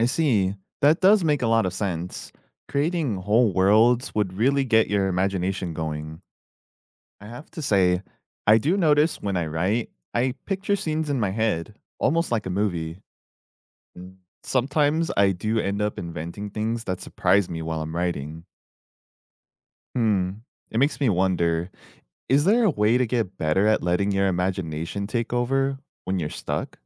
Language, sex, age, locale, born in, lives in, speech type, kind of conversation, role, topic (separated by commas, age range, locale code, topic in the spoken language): English, male, 30-34, en-US, United States, United States, read, expert-assistant, asker, How does writing stories help you use your imagination?